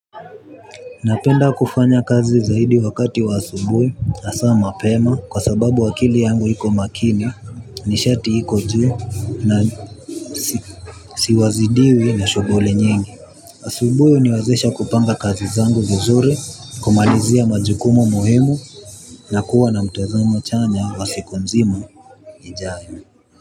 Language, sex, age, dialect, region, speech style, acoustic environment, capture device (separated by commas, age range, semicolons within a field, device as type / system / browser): Swahili, male, 18-24, Kenya - Nairobi Sheng-influenced, Kenya, focused; relaxed, people talking; traffic, mobile / Linux / Chrome